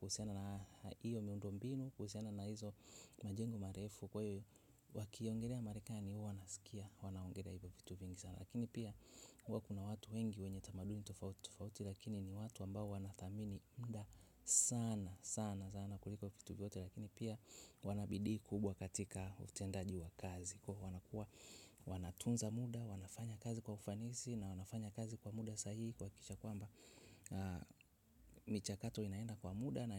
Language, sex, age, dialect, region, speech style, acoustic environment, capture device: Swahili, male, 25-34, Tanzania - Dar es Salaam, Tanzania, relaxed; focused, silence, mobile / Linux / Chrome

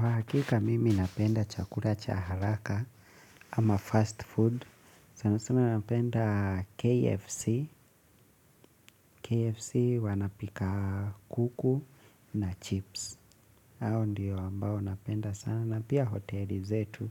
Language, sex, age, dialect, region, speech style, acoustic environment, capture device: Swahili, male, 25-34, Kenya - Nairobi Sheng-influenced, Kenya, focused; excited; relaxed, silence, mobile / Linux / Chrome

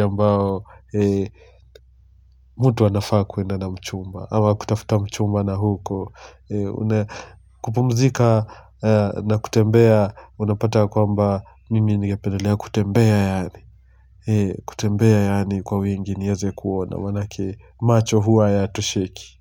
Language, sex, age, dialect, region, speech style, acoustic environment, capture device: Swahili, male, 25-34, Kenya - Mombasa, Kenya, relaxed; focused, silence, mobile / Linux / Chrome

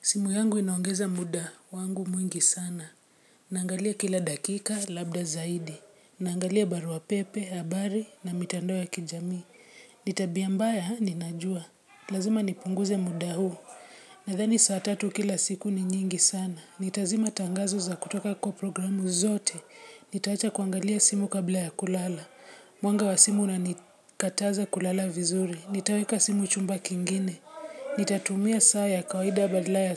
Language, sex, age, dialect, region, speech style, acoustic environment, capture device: Swahili, female, 25-34, Kenya - Nairobi Sheng-influenced, Kenya, relaxed, nature, mobile / Linux / Chrome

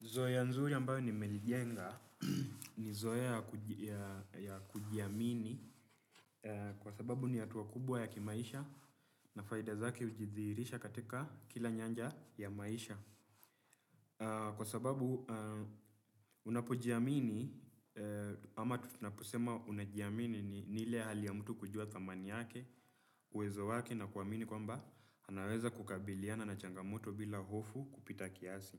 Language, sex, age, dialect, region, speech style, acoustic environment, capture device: Swahili, male, 25-34, Kenya - Nairobi Sheng-influenced, Kenya, relaxed, nature; silence; appliances, desktop / Windows / Chrome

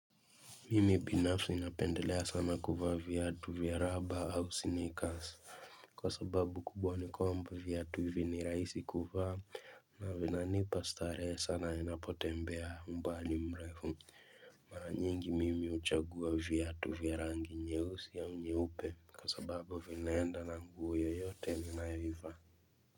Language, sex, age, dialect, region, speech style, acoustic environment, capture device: Swahili, male, 18-24, Kenya - Mombasa, Kenya, focused, silence, mobile / Linux / Chrome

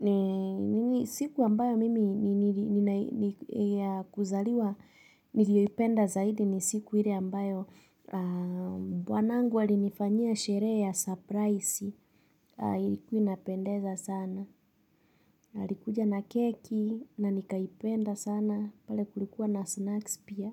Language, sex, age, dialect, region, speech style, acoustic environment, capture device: Swahili, female, 25-34, Kenya - Nairobi Sheng-influenced, Kenya, relaxed, nature, mobile / Linux / Chrome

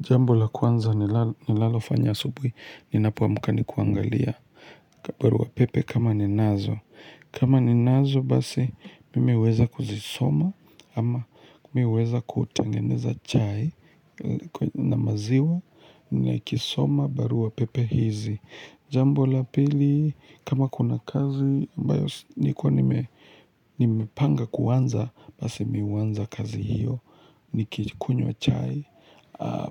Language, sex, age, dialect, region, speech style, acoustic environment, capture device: Swahili, male, 25-34, Kenya - Nairobi Sheng-influenced, Kenya, relaxed, nature; appliances, mobile / Linux / Chrome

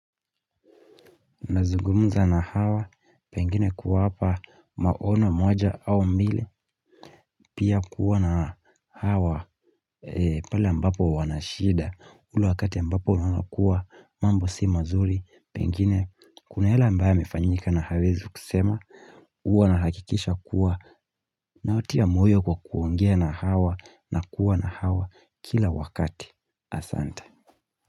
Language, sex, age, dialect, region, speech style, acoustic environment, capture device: Swahili, male, 18-24, Kenya - Mombasa, Kenya, relaxed, silence, mobile / Linux / Chrome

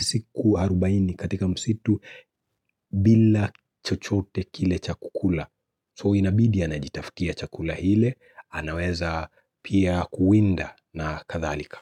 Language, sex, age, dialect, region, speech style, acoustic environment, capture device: Swahili, male, 25-34, Kenya - Nairobi Sheng-influenced, Kenya, anxious, silence, mobile / Linux / Chrome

